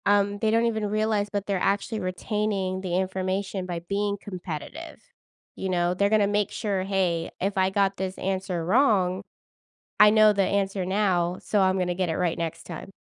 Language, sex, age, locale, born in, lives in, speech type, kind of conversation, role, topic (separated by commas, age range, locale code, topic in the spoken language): English, female, 30-34, en-US, United States, United States, conversation, podcast, host, What makes learning enjoyable and memorable for students?